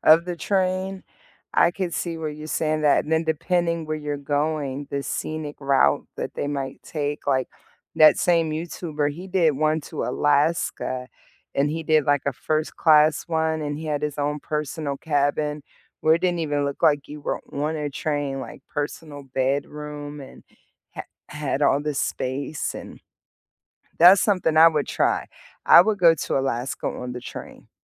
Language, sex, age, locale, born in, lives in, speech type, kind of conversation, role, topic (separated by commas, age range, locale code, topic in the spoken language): English, female, 40-44, en-US, United States, United States, conversation, unstructured, none, Which way do you love getting around—train, bus, or plane—and what memories make it your favorite?